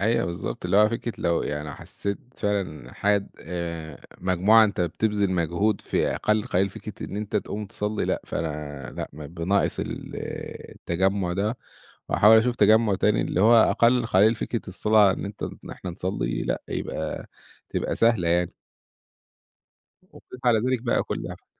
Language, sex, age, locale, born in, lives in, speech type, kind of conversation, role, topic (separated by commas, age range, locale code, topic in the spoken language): Arabic, male, 30-34, ar-EG, Egypt, Egypt, conversation, podcast, guest, إيه اللي مدي حياتك معنى دلوقتي؟